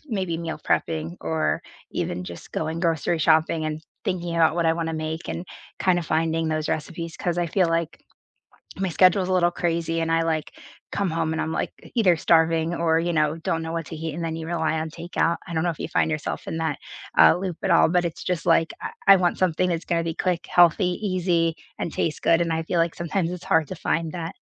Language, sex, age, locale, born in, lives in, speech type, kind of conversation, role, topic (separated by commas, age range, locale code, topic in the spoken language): English, female, 25-29, en-US, United States, United States, conversation, unstructured, none, What does your ideal slow morning look like?